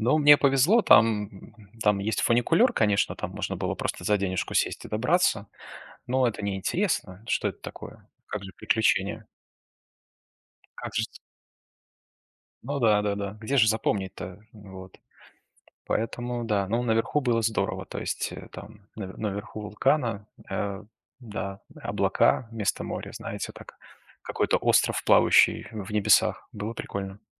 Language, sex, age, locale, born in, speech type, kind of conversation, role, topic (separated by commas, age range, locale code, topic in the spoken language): Russian, male, 35-39, ru-RU, Belarus, conversation, unstructured, none, Какие приключения в путешествиях вы запомнили навсегда?